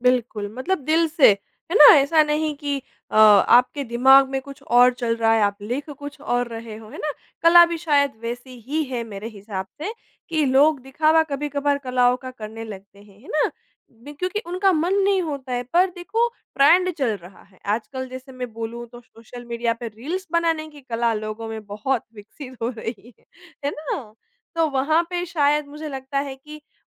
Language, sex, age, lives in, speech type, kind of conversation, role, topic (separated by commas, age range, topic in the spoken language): Hindi, female, 25-29, India, conversation, podcast, host, आपकी कला लोगों से कैसे जुड़ती है?